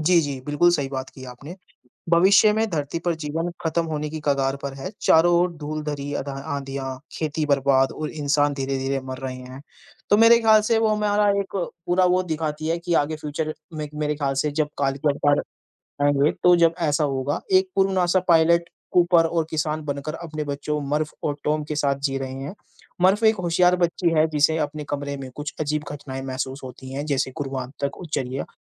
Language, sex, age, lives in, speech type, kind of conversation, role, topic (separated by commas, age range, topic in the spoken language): Hindi, male, 20-24, India, conversation, unstructured, none, आप अपनी पसंदीदा फ़िल्में कैसे चुनते हैं और क्यों?